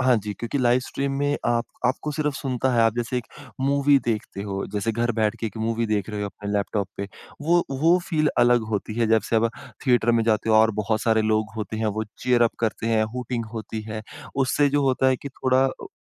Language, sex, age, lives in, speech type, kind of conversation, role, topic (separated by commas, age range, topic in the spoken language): Hindi, female, 55-59, India, conversation, podcast, guest, Kya live streaming concerts tumhare liye in-person concert ka substitute ban gaye hain?